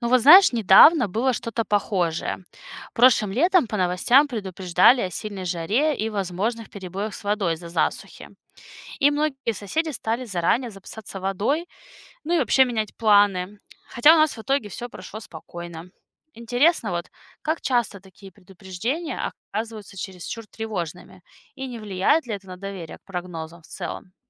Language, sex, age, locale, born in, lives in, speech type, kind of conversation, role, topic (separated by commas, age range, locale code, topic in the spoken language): Russian, female, 30-34, ru-RU, Russia, United States, read, expert-assistant, asker, Почему погода иногда кажется нам такой непредсказуемой, и что это говорит о нашем понимании мира?